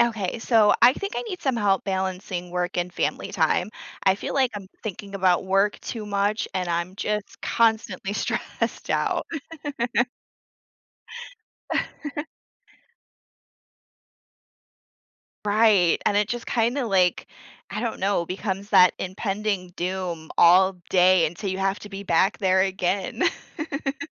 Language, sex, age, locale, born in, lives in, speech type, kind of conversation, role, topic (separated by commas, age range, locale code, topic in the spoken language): English, female, 30-34, en-US, United States, United States, conversation, advice, user, How can I balance long work hours and exhaustion so I can spend more quality time with my family?